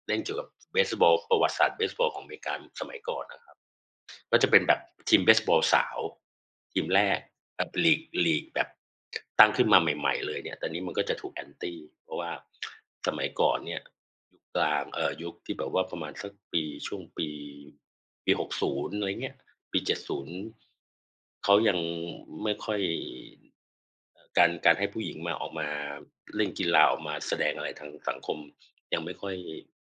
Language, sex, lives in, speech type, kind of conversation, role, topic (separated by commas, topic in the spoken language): Thai, male, Thailand, conversation, unstructured, none, หนังเรื่องล่าสุดที่คุณดูทำให้คุณรู้สึกอย่างไร?